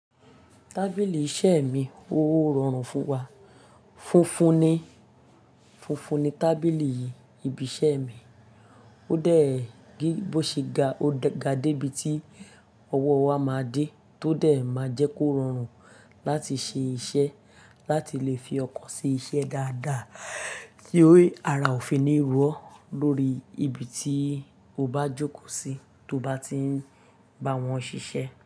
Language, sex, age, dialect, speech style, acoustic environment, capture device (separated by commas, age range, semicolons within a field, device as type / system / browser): Yoruba, female, 35-44, Nigeria - Lagos Yoruba, happy, silence, mobile / Linux / Chrome